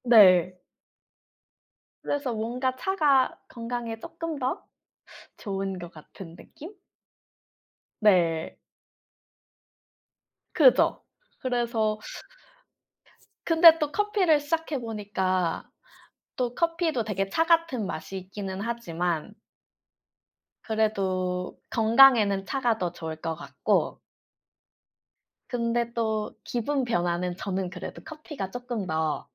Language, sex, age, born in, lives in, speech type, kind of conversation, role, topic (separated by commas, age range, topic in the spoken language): Korean, female, 25-29, South Korea, Germany, conversation, unstructured, none, 커피와 차 중 어느 쪽을 더 좋아하시나요?